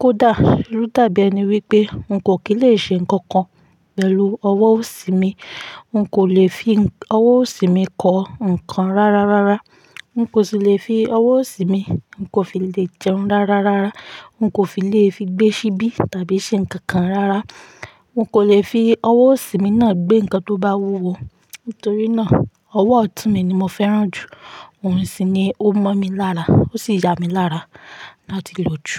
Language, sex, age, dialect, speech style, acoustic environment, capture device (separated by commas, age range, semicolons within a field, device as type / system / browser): Yoruba, female, 25-34, Nigeria - Ibadan, relaxed, silence, mobile / Linux / Chrome